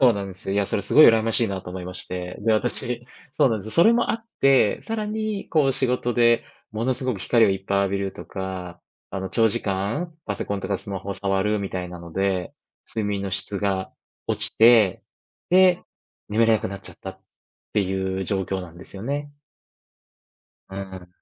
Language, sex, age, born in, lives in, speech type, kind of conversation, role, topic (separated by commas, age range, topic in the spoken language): Japanese, male, 35-39, Japan, Japan, conversation, podcast, guest, 睡眠は時間よりも質を重視しますか、それとも量を重視しますか？